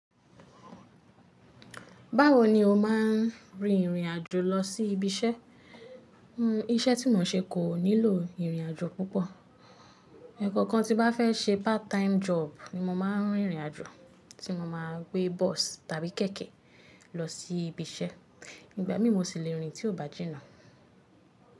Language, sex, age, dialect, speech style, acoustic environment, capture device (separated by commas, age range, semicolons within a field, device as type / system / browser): Yoruba, female, 25-34, Nigeria - Lagos Yoruba, relaxed; focused, appliances; nature, mobile / Linux / Chrome